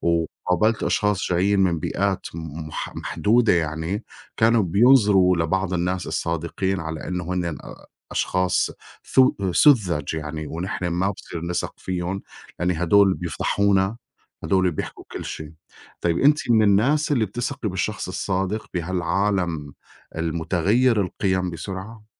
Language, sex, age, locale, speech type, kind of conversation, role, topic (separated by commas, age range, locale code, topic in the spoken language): Arabic, male, 40-44, ar-SY, conversation, unstructured, none, إيه اللي في رأيك بيخلّي الشخص صادق؟